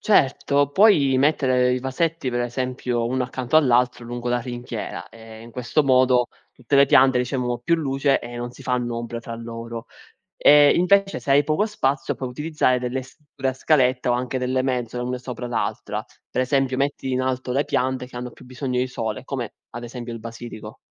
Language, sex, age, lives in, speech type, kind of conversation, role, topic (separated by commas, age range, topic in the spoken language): Italian, male, 20-24, Italy, read, expert-assistant, expert, Come si coltivano le piante in un orto urbano?